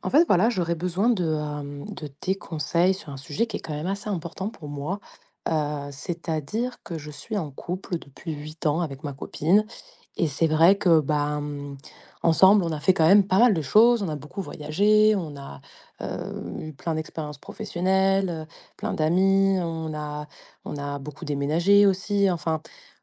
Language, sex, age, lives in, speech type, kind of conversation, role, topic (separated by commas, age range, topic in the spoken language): French, female, 30-34, France, conversation, advice, user, Comment gérer un désaccord important au sein d’un couple sur le fait d’avoir ou non des enfants ?